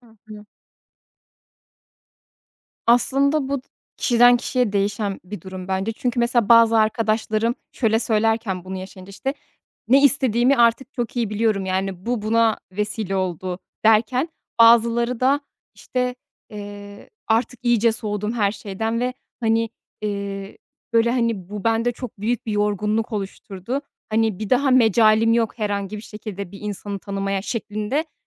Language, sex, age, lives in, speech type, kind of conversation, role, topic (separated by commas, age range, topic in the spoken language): Turkish, female, 30-34, Netherlands, conversation, podcast, guest, Evlilik ve ilişkilerde kuşakların beklentileri nasıl değişti?